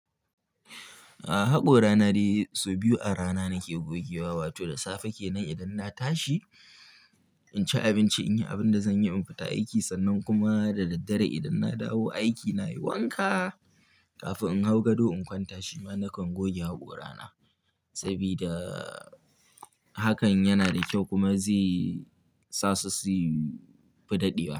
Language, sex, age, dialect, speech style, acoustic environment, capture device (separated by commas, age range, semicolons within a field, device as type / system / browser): Hausa, male, 18-24, Nigeria - Kano, happy, silence, mobile / Linux / Chrome